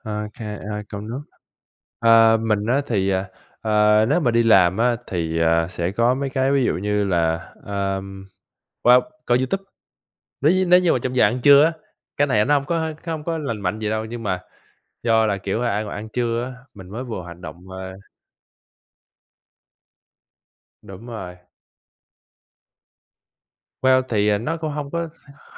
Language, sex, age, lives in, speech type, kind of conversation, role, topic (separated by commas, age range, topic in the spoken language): Vietnamese, male, 25-29, United States, conversation, unstructured, none, Bạn nghĩ sao về việc dành thời gian cho sở thích cá nhân?